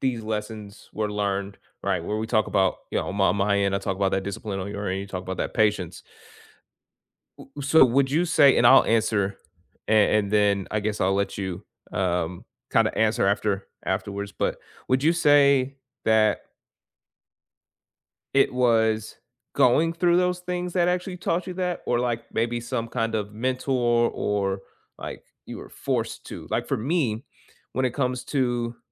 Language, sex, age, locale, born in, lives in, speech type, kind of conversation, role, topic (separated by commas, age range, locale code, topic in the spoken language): English, male, 25-29, en-US, United States, United States, conversation, unstructured, none, What is the most valuable real-life skill you learned outside of school, and how did you learn it?